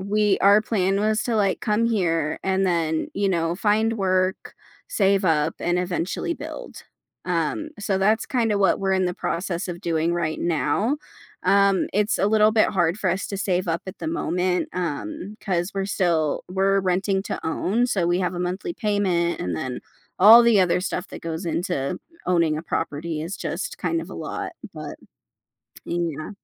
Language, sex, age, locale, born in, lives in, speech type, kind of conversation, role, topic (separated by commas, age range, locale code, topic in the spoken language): English, female, 25-29, en-US, United States, United States, conversation, podcast, guest, What hopes and goals guide your vision for the future?